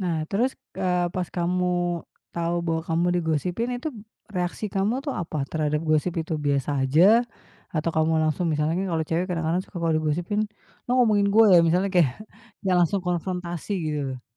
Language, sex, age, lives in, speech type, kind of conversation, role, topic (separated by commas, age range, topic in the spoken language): Indonesian, female, 35-39, Indonesia, conversation, podcast, host, Bagaimana cara menghadapi gosip yang merusak kepercayaan menurutmu?